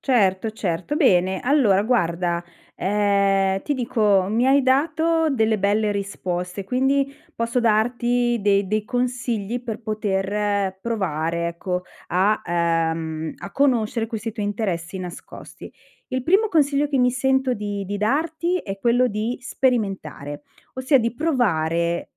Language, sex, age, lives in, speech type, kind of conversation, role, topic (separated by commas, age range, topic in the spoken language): Italian, female, 45-49, Italy, conversation, advice, advisor, Come posso scoprire interessi nascosti che diano più senso alla mia vita?